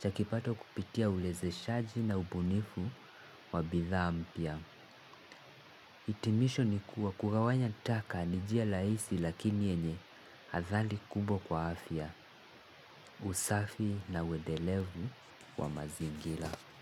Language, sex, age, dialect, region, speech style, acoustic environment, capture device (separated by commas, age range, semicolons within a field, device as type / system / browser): Swahili, male, 18-24, Kenya - Mombasa, Kenya, happy, nature, mobile / Linux / Chrome